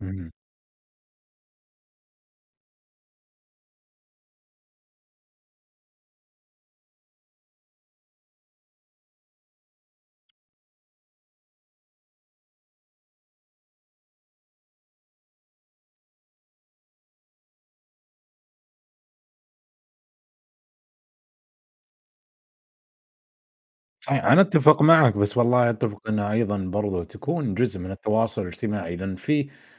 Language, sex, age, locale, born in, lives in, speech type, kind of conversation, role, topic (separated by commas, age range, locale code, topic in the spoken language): Arabic, male, 25-29, ar-SA, Saudi Arabia, United States, conversation, unstructured, none, هل بتحس إن السوشيال ميديا بتزوّد الإحساس بالوحدة؟